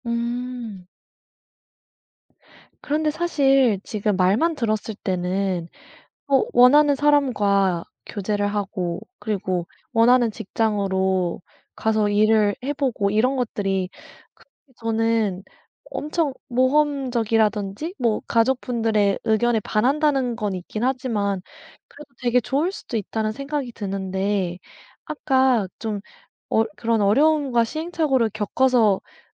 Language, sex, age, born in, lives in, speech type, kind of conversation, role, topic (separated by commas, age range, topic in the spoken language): Korean, female, 25-29, South Korea, United States, conversation, podcast, host, 주변 사람들의 의견을 결정에 얼마나 반영하시나요?